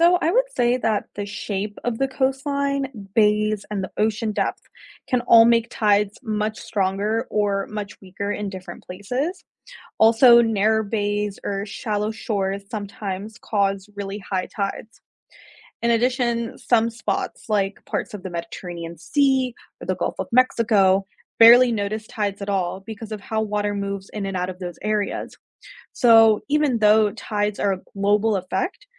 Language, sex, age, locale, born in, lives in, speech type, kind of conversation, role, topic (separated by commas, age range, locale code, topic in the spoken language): English, female, 25-29, en-US, United States, United States, read, expert-assistant, expert, What causes ocean tides?